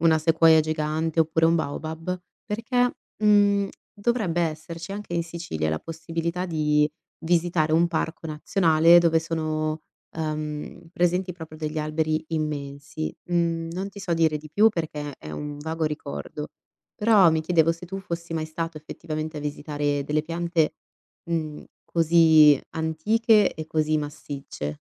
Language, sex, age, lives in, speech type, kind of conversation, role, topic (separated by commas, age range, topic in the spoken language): Italian, female, 25-29, Italy, conversation, podcast, host, Cosa impari osservando il ciclo di vita delle piante?